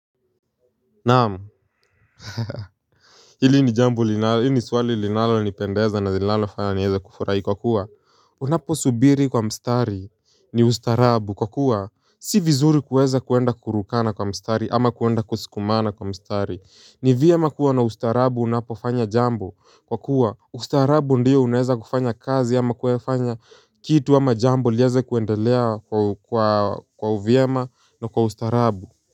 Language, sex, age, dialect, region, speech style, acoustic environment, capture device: Swahili, male, 18-24, Kenya - Nairobi Sheng-influenced, Kenya, focused, people talking, mobile / Linux / Chrome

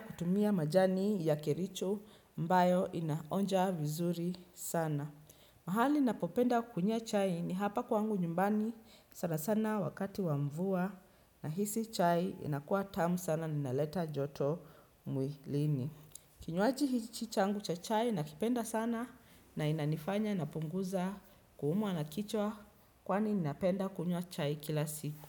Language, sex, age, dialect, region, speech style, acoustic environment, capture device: Swahili, female, 25-34, Kenya - Nairobi Sheng-influenced, Kenya, relaxed, nature, desktop / Windows / Chrome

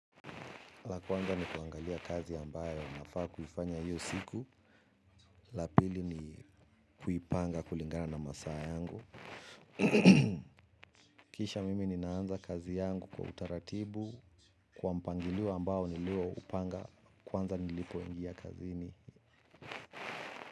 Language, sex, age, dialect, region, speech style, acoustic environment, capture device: Swahili, male, 35-44, Kenya - Nairobi Sheng-influenced, Kenya, relaxed, people talking, mobile / Linux / Chrome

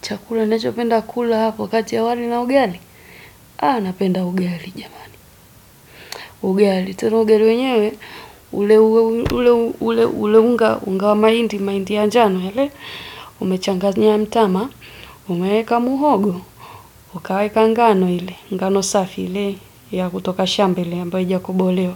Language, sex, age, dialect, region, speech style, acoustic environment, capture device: Swahili, female, 25-34, Tanzania - Dar es Salaam, Tanzania, focused, nature, desktop / Windows / Edge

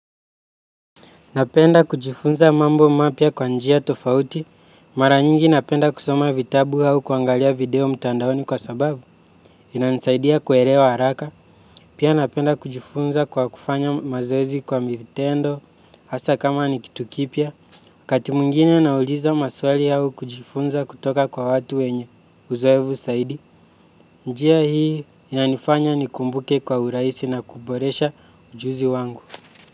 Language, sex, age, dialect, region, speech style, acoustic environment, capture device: Swahili, male, 18-24, Kenya - Nairobi Sheng-influenced, Kenya, focused; relaxed, nature; silence, mobile / Linux / Chrome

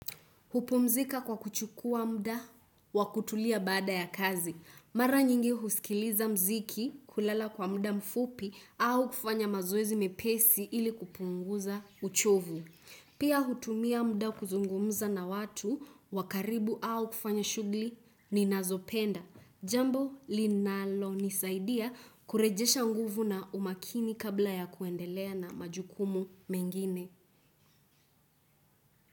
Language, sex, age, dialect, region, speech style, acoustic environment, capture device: Swahili, female, 18-24, Kenya - Nairobi Sheng-influenced, Kenya, focused, nature, desktop / Windows / Chrome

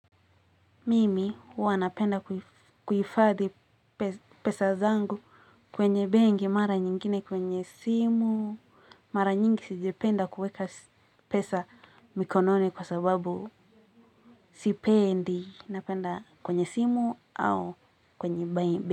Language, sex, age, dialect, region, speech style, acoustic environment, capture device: Swahili, female, 18-24, Kenya - Nairobi Sheng-influenced, Kenya, happy, people talking; music; nature, mobile / Linux / Chrome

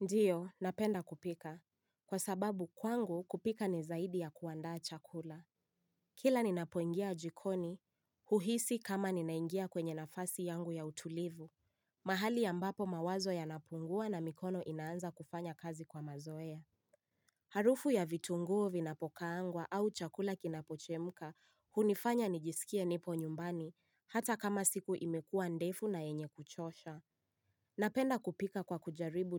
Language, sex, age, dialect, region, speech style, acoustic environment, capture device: Swahili, female, 18-24, Kenya - Nairobi Sheng-influenced, Kenya, relaxed; excited; focused, nature; silence, mobile / Linux / Chrome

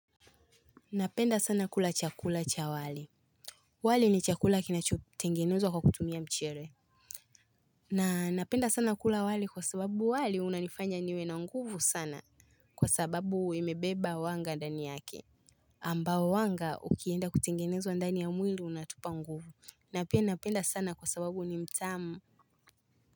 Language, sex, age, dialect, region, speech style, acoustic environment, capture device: Swahili, female, 18-24, Tanzania - Dar es Salaam, Tanzania, happy, nature, mobile / Linux / Chrome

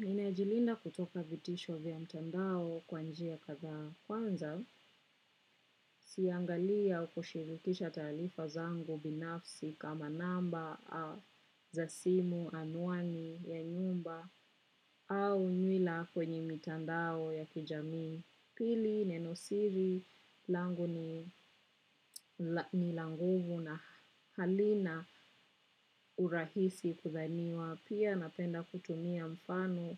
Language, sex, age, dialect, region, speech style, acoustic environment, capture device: Swahili, female, 25-34, Kenya - Nairobi Sheng-influenced, Kenya, relaxed, nature, desktop / macOS / Chrome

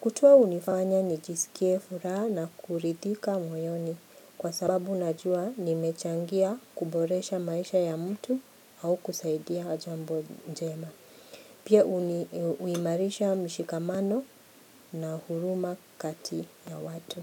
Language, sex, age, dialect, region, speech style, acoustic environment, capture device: Swahili, female, 45-54, Kenya - Nairobi Sheng-influenced, Kenya, happy, people talking, mobile / Linux / Chrome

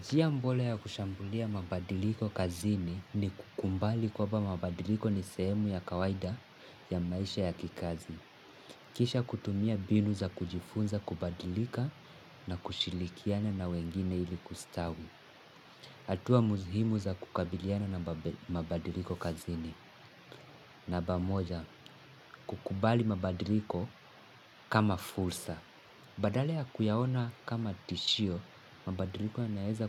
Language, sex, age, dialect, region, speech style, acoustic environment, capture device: Swahili, male, 18-24, Kenya - Mombasa, Kenya, happy, nature, mobile / Linux / Chrome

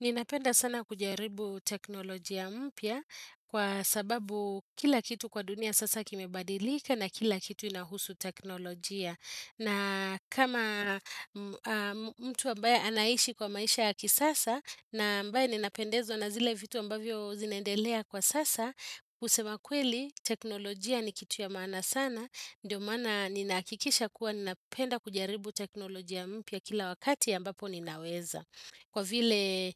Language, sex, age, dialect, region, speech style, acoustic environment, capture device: Swahili, female, 35-44, Kenya - Nairobi Sheng-influenced, Kenya, relaxed, appliances, desktop / Windows / Chrome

